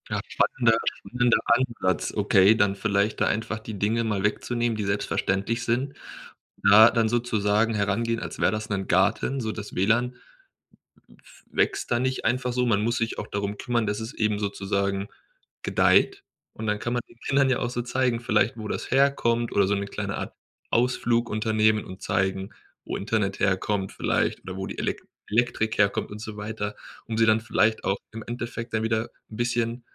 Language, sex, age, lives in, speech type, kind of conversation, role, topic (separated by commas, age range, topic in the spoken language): German, male, 25-29, Germany, conversation, podcast, host, Wie können Eltern ihren Kindern Verantwortung beibringen?